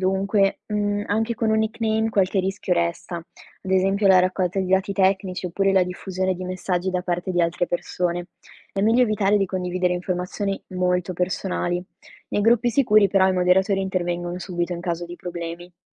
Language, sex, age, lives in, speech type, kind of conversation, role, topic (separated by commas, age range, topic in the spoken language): Italian, female, 20-24, Italy, read, expert-assistant, expert, Come posso riconoscere una buona fonte quando cerco tecniche per ridurre lo stress?